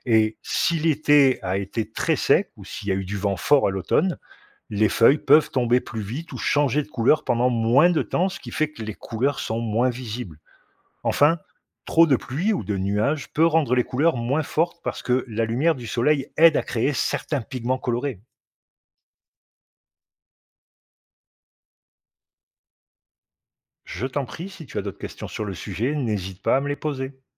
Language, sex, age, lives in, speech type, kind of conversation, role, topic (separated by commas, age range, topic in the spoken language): French, male, 50-54, Portugal, read, expert-assistant, expert, Pourquoi les feuilles changent-elles de couleur en automne ?